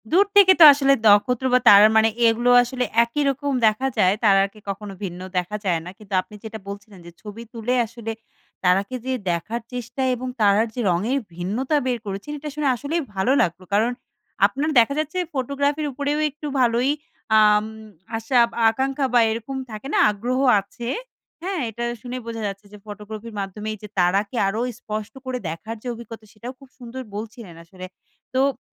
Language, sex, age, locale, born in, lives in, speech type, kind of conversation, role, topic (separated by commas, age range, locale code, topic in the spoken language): Bengali, female, 25-29, bn-BD, Bangladesh, Bangladesh, conversation, podcast, host, রাতের আকাশের নক্ষত্র তুমি কীভাবে বর্ণনা করো?